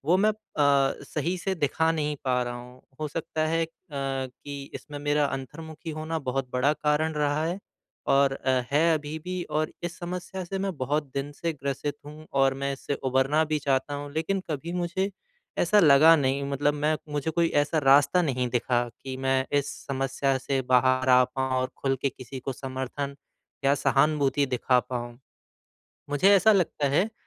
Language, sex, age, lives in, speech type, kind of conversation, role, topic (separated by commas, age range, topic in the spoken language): Hindi, male, 25-29, India, conversation, advice, user, मैं अपने साथी को मुश्किल समय में सहानुभूति और समझ के साथ कैसे समर्थन दे सकता/सकती हूँ?